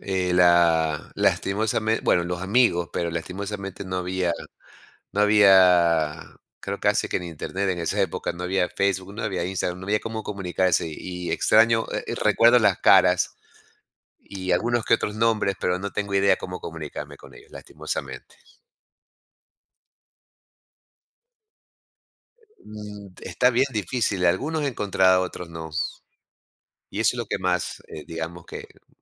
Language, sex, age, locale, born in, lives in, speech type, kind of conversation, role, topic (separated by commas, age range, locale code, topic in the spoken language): Spanish, male, 55-59, es-EC, Ecuador, United States, conversation, podcast, guest, ¿Recuerdas la primera vez que estuviste lejos de casa?